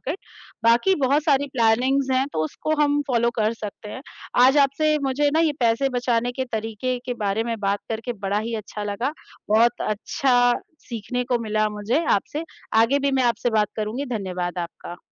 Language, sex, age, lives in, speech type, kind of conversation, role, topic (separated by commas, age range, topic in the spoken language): Hindi, female, 35-39, India, conversation, unstructured, none, पैसे बचाने के लिए आपकी सबसे पसंदीदा आदत कौन-सी है?